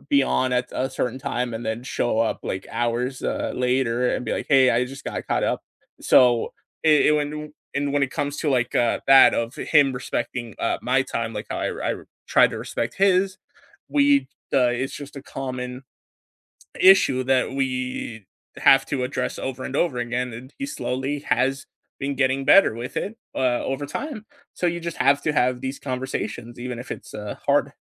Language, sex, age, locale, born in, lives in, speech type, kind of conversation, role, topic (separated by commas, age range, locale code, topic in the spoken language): English, male, 20-24, en-US, United States, United States, conversation, unstructured, none, Is jealousy ever a good thing in love?